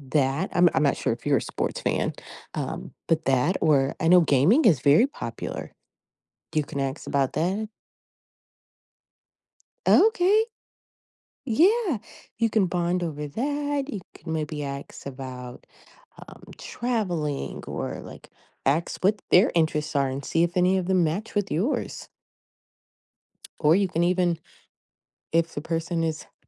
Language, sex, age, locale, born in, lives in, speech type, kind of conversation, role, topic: English, female, 35-39, en-US, United States, United States, conversation, advice, advisor, How can I stop feeling lonely when I'm surrounded by people?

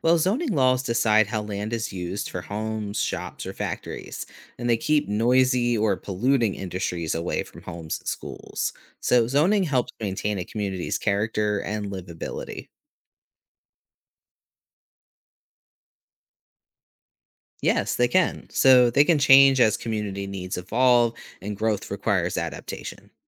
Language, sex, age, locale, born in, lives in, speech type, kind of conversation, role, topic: English, male, 35-39, en-US, United States, United States, read, expert-assistant, expert, How does urban planning affect community well-being?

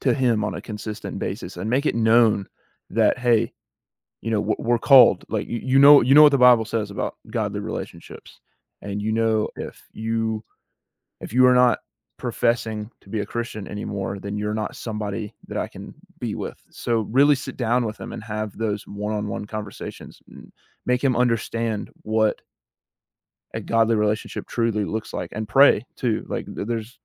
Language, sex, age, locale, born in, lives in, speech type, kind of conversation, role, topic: English, male, 18-19, en-US, United States, United States, conversation, advice, advisor, How can I decide whether to end my long-term relationship?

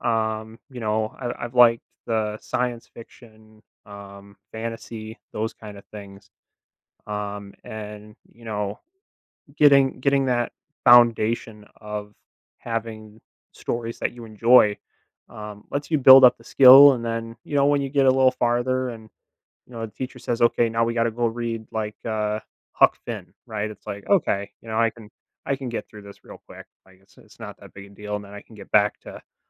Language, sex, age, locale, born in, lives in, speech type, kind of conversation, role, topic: English, male, 25-29, en-US, United States, United States, conversation, podcast, guest, What are some effective ways teachers can make learning more engaging for students?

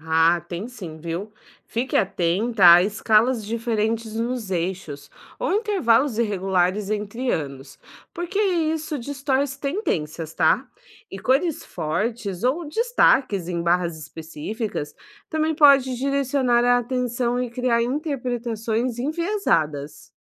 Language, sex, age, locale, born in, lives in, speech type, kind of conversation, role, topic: Portuguese, female, 30-34, pt-BR, Brazil, Portugal, read, expert-assistant, expert, Como saber se uma notícia sobre crescimento econômico em diferentes regiões do Brasil está baseada em dados confiáveis?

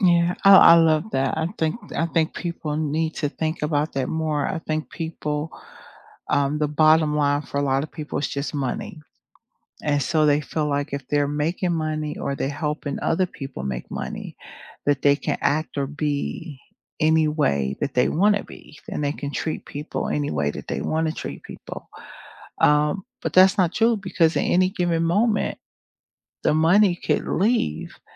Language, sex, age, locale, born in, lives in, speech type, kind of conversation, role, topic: English, female, 50-54, en-US, United States, United States, conversation, unstructured, none, What music, podcasts, or sounds lift your spirits?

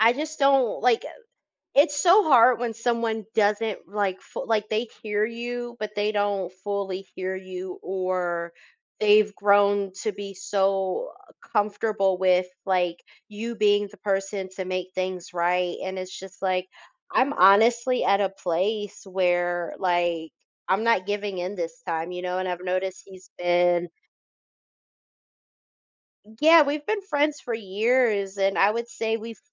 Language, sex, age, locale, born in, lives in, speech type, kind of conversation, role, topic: English, female, 30-34, en-US, United States, United States, conversation, advice, user, How can I reconnect with a faded friendship?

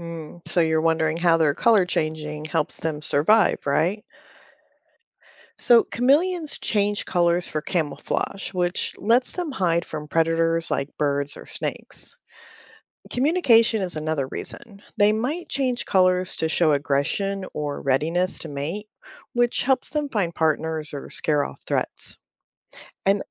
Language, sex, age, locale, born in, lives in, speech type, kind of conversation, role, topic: English, female, 45-49, en-US, United States, United States, read, expert-assistant, expert, How do chameleons change color?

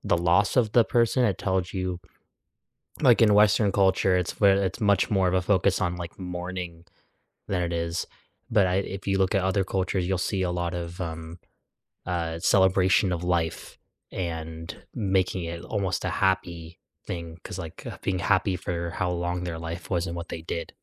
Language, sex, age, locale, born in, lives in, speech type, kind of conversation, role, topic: English, male, 20-24, en-US, United States, United States, conversation, unstructured, none, How do different cultures celebrate important life events?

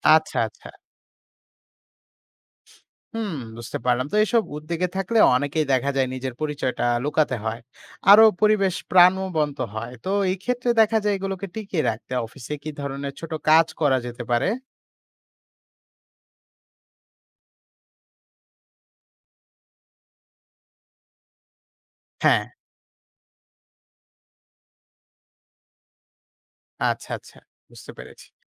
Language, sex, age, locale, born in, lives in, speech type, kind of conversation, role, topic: Bengali, male, 20-24, bn-BD, Bangladesh, Bangladesh, read, expert-assistant, asker, কেন কিছু ভাষা বা কথ্য রীতি অধিক মর্যাদা পায়, অন্যদিকে কিছু ভাষা অবহেলিত হয়?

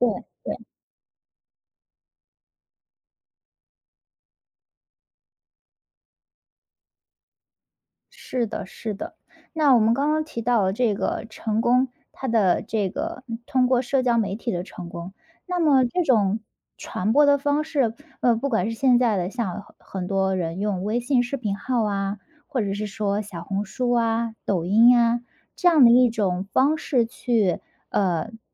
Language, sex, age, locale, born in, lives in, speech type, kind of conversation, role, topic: Chinese, female, 30-34, zh-CN, China, United States, conversation, podcast, host, 社交媒体改变你对成功的看法了吗？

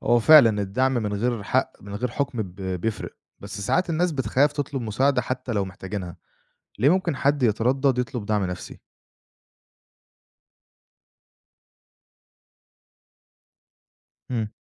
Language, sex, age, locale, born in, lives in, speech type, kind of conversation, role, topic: Arabic, male, 25-29, ar-EG, Egypt, Egypt, read, expert-assistant, asker, إزاي بنعرّف 'الصحة النفسية' وهل ليها معايير معينة؟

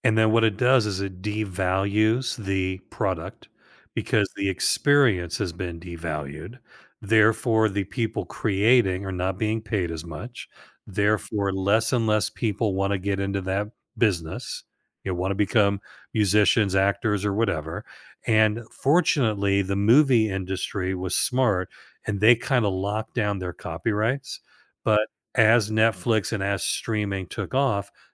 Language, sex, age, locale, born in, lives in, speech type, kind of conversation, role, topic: English, male, 65-69, en-US, United States, United States, conversation, podcast, guest, How does rapid technological change affect the way people and organizations adapt and thrive?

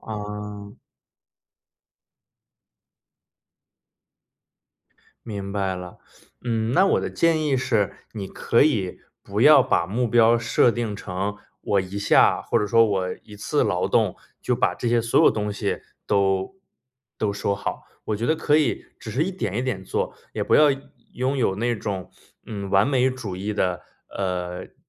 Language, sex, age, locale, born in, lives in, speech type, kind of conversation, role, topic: Chinese, male, 30-34, zh-CN, China, United States, conversation, advice, advisor, 我该如何开始整理旧物并学会放手？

